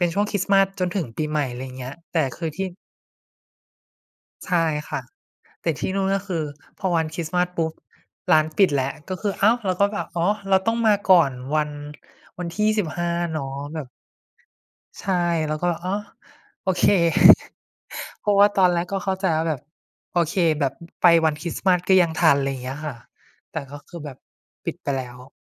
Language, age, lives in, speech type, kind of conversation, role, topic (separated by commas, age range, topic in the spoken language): Thai, 25-29, Thailand, conversation, unstructured, none, สถานที่ในฝันที่คุณอยากไปพักผ่อนคือที่ไหน?